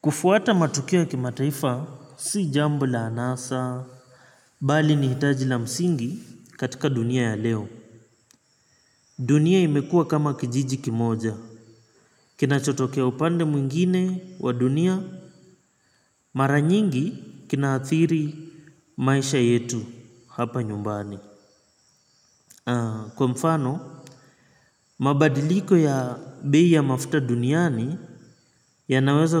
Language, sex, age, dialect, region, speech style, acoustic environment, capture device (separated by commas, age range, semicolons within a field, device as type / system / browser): Swahili, male, 25-34, Kenya - Mombasa, Kenya, relaxed, nature, mobile / Linux / Chrome